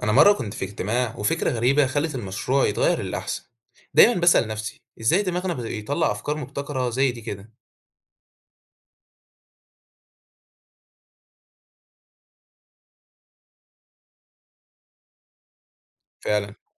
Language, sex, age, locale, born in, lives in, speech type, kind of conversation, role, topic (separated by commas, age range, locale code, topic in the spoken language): Arabic, male, 18-19, ar-EG, Egypt, Egypt, read, expert-assistant, asker, ممكن تشرحلي إزاي الإبداع بيتولد في دماغ الإنسان؟ يعني إيه اللي بيحصل جوا مخنا لما بنكون بنفكر بطريقة مبتكرة؟